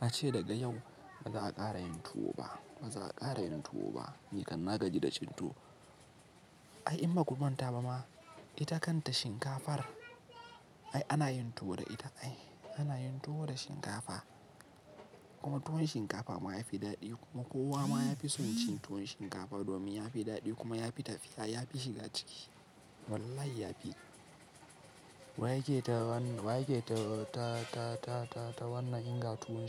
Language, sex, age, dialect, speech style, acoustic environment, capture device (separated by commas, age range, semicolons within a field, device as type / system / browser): Hausa, male, 18-24, Nigeria - Kano, happy, silence, mobile / Linux / Chrome